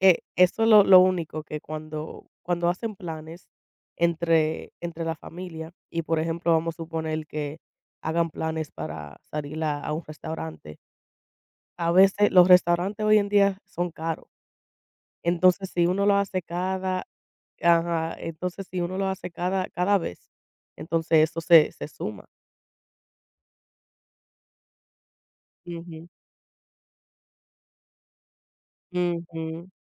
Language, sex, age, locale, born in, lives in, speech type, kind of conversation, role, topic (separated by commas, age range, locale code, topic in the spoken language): Spanish, female, 25-29, es-US, United States, United States, conversation, unstructured, none, ¿Qué actividades disfrutas hacer con tu familia?